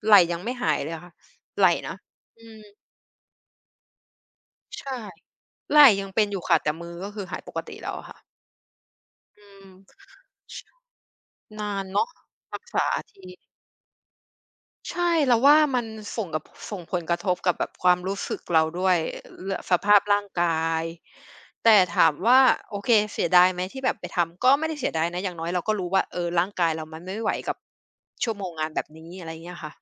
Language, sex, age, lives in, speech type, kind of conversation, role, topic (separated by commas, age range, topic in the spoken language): Thai, female, 30-34, Thailand, conversation, podcast, guest, ทำอย่างไรถึงจะรักษาสมดุลงานกับชีวิตส่วนตัวได้จริงๆ?